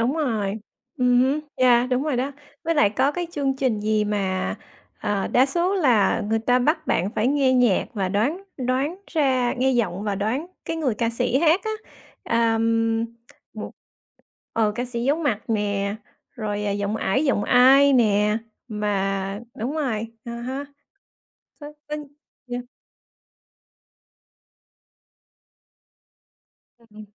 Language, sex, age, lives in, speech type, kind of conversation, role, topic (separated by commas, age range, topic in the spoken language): Vietnamese, female, 40-44, United States, conversation, unstructured, none, Bạn nghĩ sao về việc các chương trình giải trí quá tập trung vào tranh cãi?